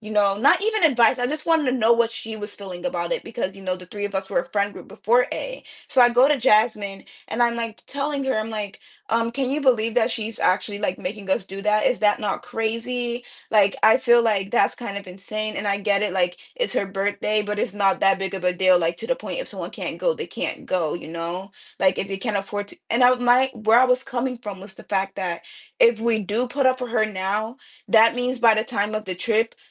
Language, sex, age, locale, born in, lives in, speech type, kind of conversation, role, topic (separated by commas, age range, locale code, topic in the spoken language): English, female, 20-24, en-US, United States, United States, conversation, advice, user, How can I make amends and support my friend after not being there when they needed me?